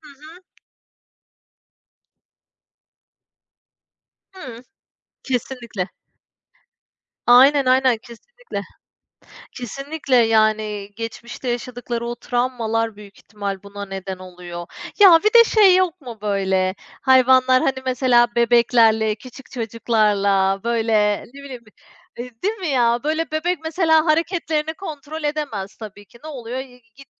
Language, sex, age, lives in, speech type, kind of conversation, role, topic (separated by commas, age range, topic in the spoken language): Turkish, female, 35-39, Spain, conversation, unstructured, none, Hayvanlar insanlar gibi duygular hissedebilir mi?